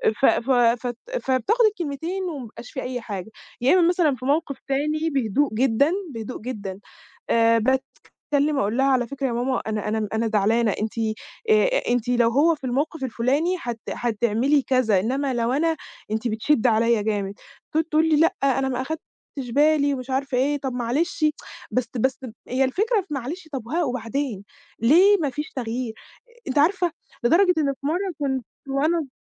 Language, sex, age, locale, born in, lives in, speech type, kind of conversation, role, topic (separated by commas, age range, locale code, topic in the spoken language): Arabic, female, 20-24, ar-EG, Egypt, Egypt, conversation, advice, user, إزاي أتعامل مع صعوبة التواصل أو إحساس إن حد قريب من العيلة بيتجاهلني؟